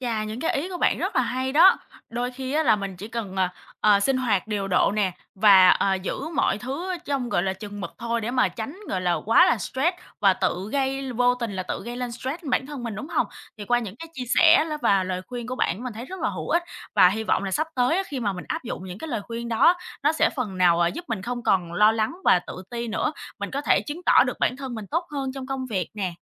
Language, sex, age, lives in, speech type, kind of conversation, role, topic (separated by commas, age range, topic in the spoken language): Vietnamese, female, 25-29, Vietnam, conversation, advice, user, Làm thế nào để vượt qua nỗi lo mình không đủ năng lực khi bắt đầu công việc mới?